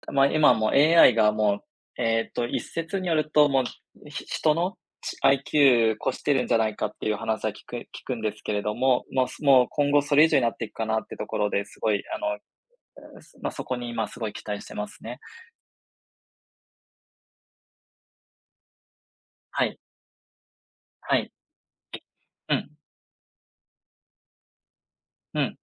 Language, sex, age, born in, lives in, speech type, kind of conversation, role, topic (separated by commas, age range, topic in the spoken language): Japanese, male, 35-39, Japan, Japan, conversation, unstructured, none, 科学の進歩でいちばん嬉しかったことは何ですか？